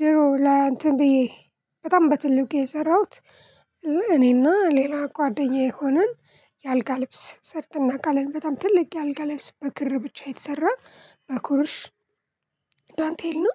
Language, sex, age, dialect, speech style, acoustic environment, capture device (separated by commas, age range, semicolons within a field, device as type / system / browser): Amharic, female, 25-34, Ethiopia - Addis Ababa, relaxed, people talking, mobile / Linux / Chrome